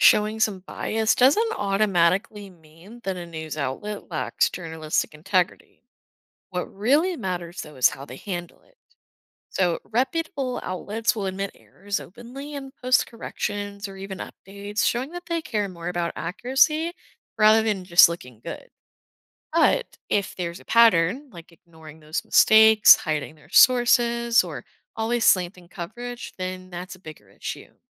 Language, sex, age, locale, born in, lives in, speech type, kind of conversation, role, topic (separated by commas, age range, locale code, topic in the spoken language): English, female, 20-24, en-US, United States, United States, read, expert-assistant, expert, What is journalistic integrity and why is it important?